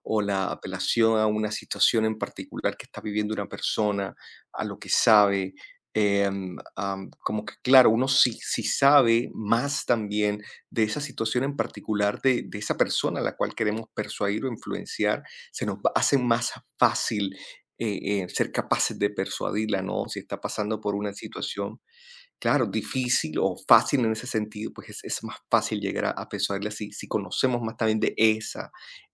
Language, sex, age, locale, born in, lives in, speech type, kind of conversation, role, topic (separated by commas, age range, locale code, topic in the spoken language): Spanish, male, 30-34, es-CO, Colombia, United States, conversation, unstructured, none, ¿Cómo persuades a otras personas para que acepten tus ideas?